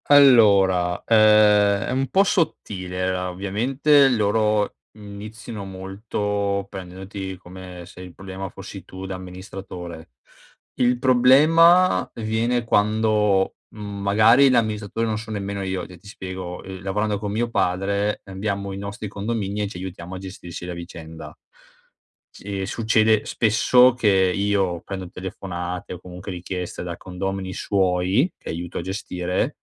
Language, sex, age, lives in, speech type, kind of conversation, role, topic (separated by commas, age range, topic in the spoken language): Italian, male, 30-34, Italy, conversation, advice, user, Come posso gestire la rabbia sul lavoro?